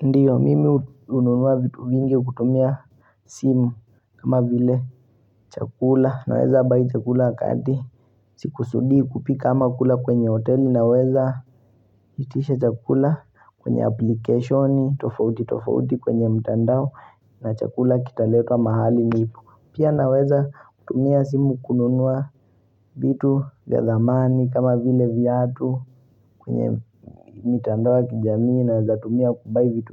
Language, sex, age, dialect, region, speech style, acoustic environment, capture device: Swahili, male, 18-24, Kenya - Mombasa, Kenya, relaxed, silence, mobile / Linux / Chrome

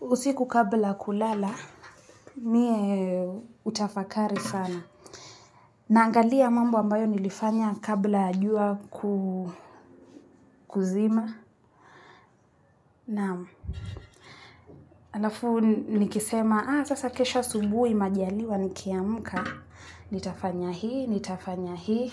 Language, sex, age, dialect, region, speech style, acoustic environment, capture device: Swahili, female, 25-34, Kenya - Nairobi Sheng-influenced, Kenya, relaxed, people talking; nature, mobile / Linux / Chrome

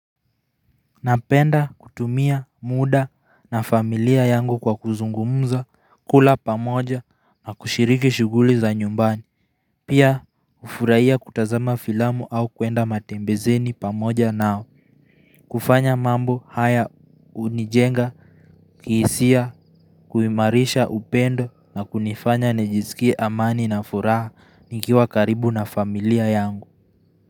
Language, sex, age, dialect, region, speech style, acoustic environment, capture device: Swahili, male, 18-24, Kenya - Nairobi Sheng-influenced, Kenya, focused; relaxed, people talking; nature; silence; traffic; appliances, mobile / Linux / Chrome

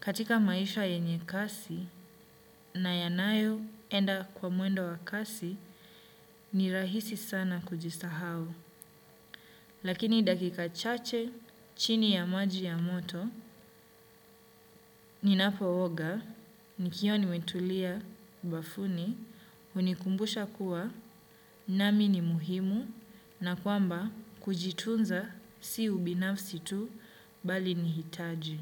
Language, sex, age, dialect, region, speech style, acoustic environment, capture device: Swahili, male, 25-34, Kenya - Mombasa, Kenya, relaxed, nature, desktop / Windows / Chrome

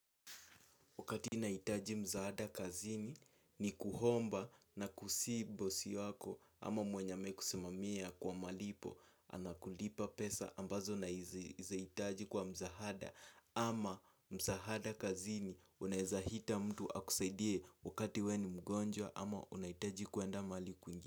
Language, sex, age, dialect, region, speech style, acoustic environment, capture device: Swahili, male, 18-24, Kenya - Nairobi Sheng-influenced, Kenya, nervous; excited; focused; relaxed, silence, mobile / Linux / Chrome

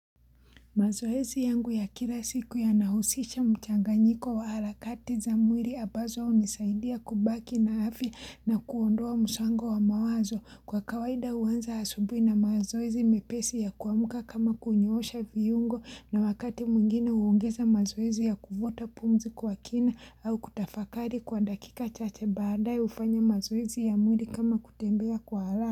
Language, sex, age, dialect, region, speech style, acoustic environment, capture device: Swahili, female, 25-34, Kenya - Mombasa, Kenya, happy, silence, mobile / Linux / Chrome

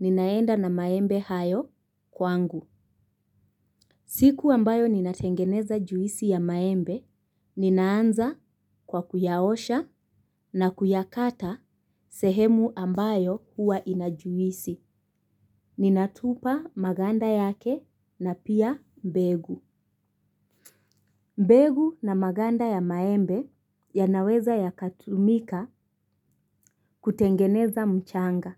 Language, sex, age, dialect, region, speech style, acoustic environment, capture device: Swahili, female, 25-34, Kenya - Mombasa, Kenya, focused, nature, mobile / Linux / Chrome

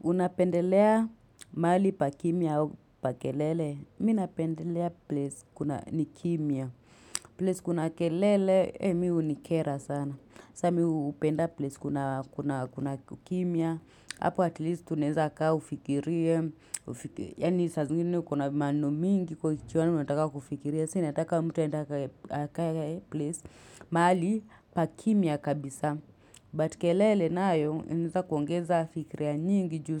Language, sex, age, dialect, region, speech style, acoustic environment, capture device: Swahili, female, 25-34, Kenya - Nairobi Sheng-influenced, Kenya, relaxed, silence, mobile / Linux / Chrome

